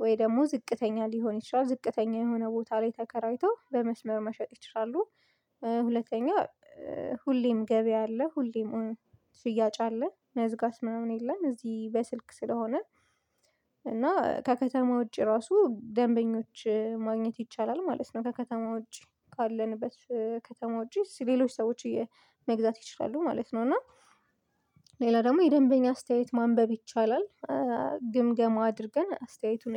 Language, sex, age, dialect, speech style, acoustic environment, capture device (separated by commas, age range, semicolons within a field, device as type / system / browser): Amharic, female, 25-34, Ethiopia - Addis Ababa, focused, silence; appliances, mobile / Linux / Chrome